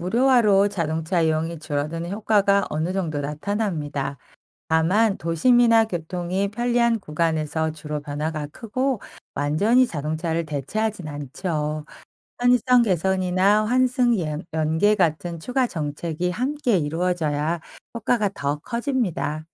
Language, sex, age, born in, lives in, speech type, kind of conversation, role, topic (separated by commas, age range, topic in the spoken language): Korean, female, 45-49, South Korea, France, read, expert-assistant, expert, 만약 정부가 모든 대중교통을 무료로 제공한다면, 도시 생활과 환경에 어떤 변화가 일어날까요?